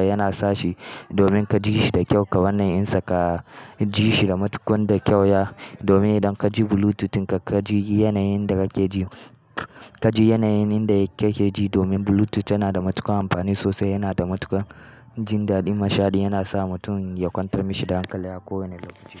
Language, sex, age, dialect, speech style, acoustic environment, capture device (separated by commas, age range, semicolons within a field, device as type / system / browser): Hausa, male, 18-24, Nigeria - Kano, happy, people talking, mobile / Linux / Chrome